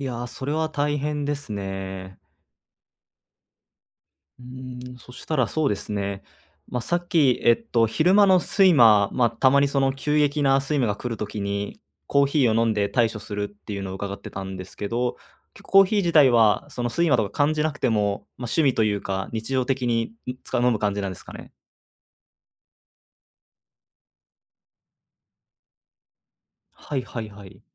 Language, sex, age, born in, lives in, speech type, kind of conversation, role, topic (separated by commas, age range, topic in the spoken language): Japanese, male, 20-24, Japan, Japan, conversation, advice, advisor, 夜、寝つけない日が続いていますが、どうすれば改善できますか？